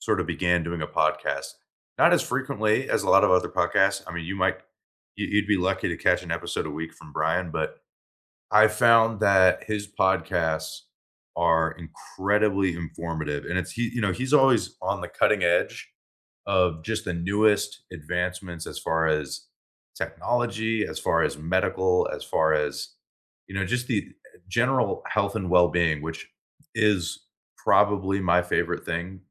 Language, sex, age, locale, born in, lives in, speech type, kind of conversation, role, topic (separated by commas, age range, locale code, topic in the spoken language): English, male, 25-29, en-US, United States, United States, conversation, unstructured, none, What under-the-radar podcasts do you recommend for commuting or doing chores?